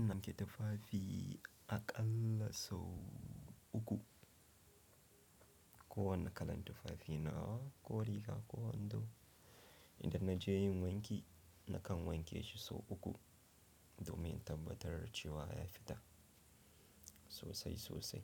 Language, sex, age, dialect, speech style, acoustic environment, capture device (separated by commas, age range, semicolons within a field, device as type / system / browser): Hausa, male, 25-34, Nigeria - Nigerian Standard, relaxed, silence, mobile / Linux / Chrome